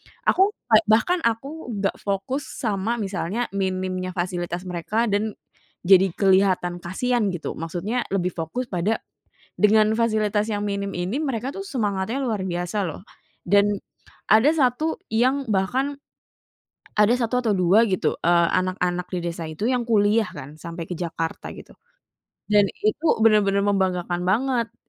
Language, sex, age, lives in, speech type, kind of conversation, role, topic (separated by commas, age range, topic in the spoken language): Indonesian, female, 30-34, Indonesia, conversation, podcast, guest, Apa pengalaman paling menyentuh yang pernah kamu alami saat bertemu orang baru ketika sedang bepergian?